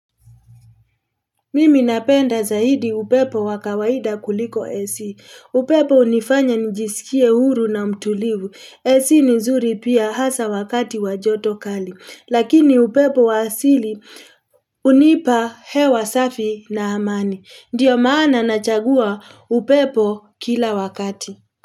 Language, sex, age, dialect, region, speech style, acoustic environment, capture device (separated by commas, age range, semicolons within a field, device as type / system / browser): Swahili, female, 35-44, Kenya - Nairobi Sheng-influenced, Kenya, happy; relaxed; excited; focused, people talking; traffic; construction; appliances; nature, mobile / Linux / Chrome